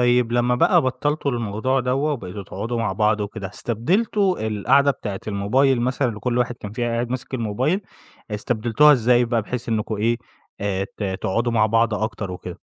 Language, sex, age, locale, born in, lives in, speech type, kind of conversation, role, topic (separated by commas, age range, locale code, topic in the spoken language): Arabic, male, 25-29, ar-EG, Egypt, Egypt, conversation, podcast, host, إزاي بتبعد عن إدمان الموبايل؟